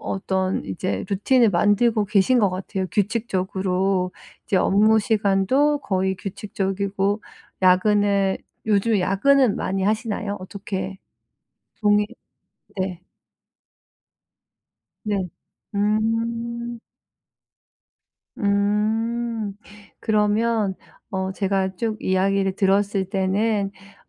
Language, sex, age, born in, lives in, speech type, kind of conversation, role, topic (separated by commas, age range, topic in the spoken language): Korean, female, 40-44, South Korea, United States, conversation, advice, advisor, 동기를 유지하면서 번아웃을 피하려면 어떻게 해야 하나요?